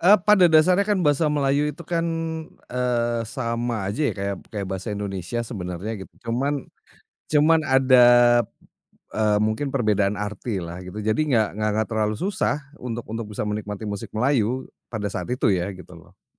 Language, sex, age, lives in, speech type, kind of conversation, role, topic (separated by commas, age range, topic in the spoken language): Indonesian, male, 40-44, Indonesia, conversation, podcast, guest, Apakah pindah kota atau negara mengubah selera musikmu?